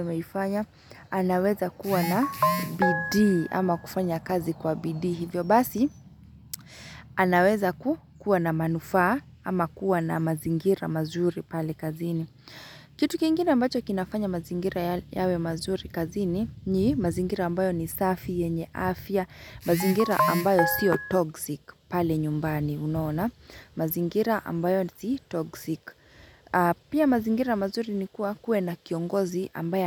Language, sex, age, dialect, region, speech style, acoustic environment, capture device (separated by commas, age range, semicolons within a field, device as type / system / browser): Swahili, female, 25-34, Kenya - Nairobi Sheng-influenced, Kenya, relaxed; excited; happy; focused, nature, mobile / Linux / Chrome